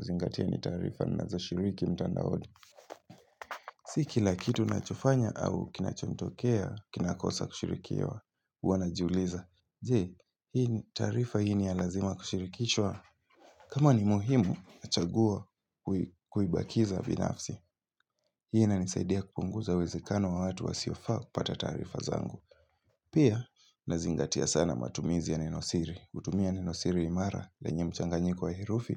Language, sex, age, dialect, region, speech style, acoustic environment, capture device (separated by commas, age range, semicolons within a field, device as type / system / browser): Swahili, male, 18-24, Kenya - Mombasa, Kenya, happy; excited, silence, mobile / Linux / Chrome